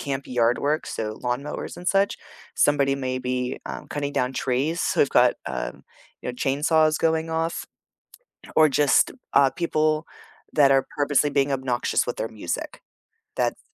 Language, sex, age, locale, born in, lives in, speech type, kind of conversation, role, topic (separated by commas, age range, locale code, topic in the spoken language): English, female, 40-44, en-US, United States, United States, conversation, unstructured, none, Which weekend rituals help you reset and recharge, and what makes them meaningful to you?